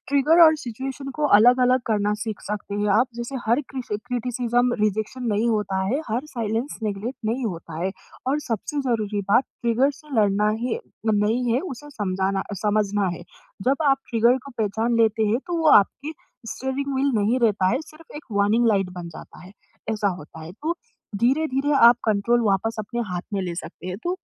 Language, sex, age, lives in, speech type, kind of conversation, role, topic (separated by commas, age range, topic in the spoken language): Hindi, female, 20-24, India, conversation, advice, advisor, मैं अपने ट्रिगर्स को कैसे पहचानूं और उनसे प्रभावी ढंग से कैसे निपटूं?